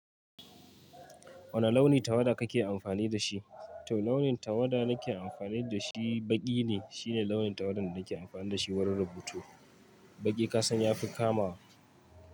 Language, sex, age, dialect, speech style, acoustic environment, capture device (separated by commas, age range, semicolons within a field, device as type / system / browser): Hausa, male, 25-34, Nigeria - Kano, focused, traffic, mobile / Linux / Chrome